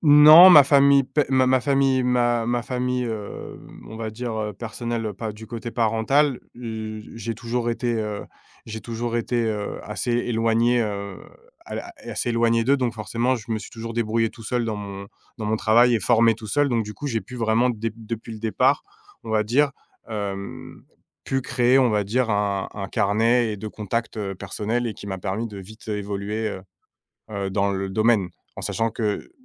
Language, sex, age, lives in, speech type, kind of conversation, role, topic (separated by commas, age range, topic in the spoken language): French, male, 30-34, France, conversation, podcast, guest, Quel est ton rituel avant de commencer une session créative ?